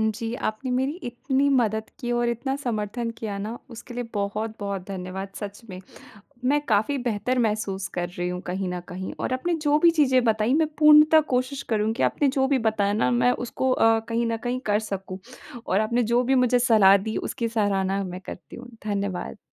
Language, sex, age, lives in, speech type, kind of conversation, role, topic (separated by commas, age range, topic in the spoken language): Hindi, female, 20-24, India, conversation, advice, user, मैं बजट बनाता/बनाती हूँ, लेकिन उसे निभा क्यों नहीं पाता/पाती हूँ?